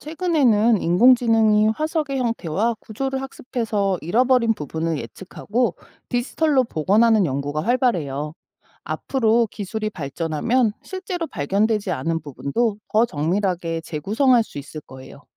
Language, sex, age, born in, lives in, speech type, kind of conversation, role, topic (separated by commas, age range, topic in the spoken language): Korean, female, 45-49, South Korea, United States, read, expert-assistant, expert, 공룡 화석을 더 오래 보존하려면 어떤 똑똑한 방법들이 있을까요?